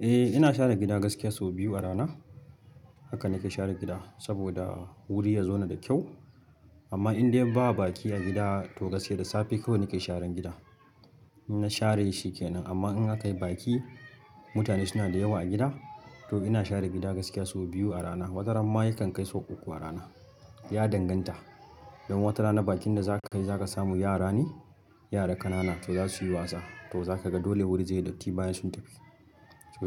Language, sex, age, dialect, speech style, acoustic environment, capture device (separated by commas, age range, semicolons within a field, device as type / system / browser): Hausa, male, 25-34, Nigeria - Kano, focused; happy, nature; people talking, mobile / Linux / Chrome